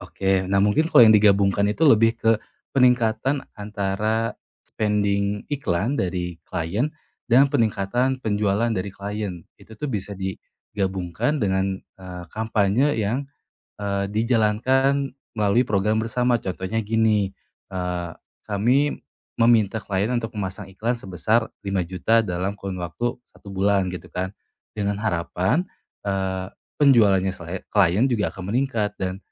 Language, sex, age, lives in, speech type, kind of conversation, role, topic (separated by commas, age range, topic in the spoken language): Indonesian, male, 25-29, Indonesia, conversation, advice, user, Bagaimana cara mengurangi gangguan saat berpindah-pindah tugas yang memecah fokus saya?